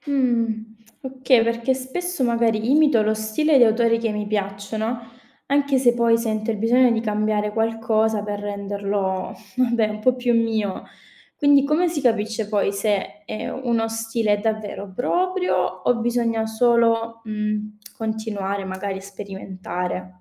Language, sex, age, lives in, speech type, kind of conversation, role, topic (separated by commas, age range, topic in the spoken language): Italian, female, 25-29, Italy, read, expert-assistant, asker, Come si può superare il blocco dello scrittore quando si ha poco tempo libero per dedicarsi alla scrittura creativa?